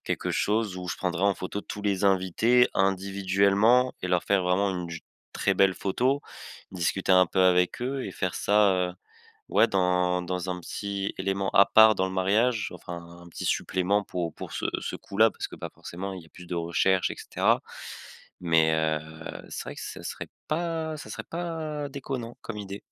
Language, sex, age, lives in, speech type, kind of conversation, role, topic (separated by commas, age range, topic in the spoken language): French, male, 20-24, France, conversation, advice, user, Comment puis-je découper un grand objectif en petites étapes ?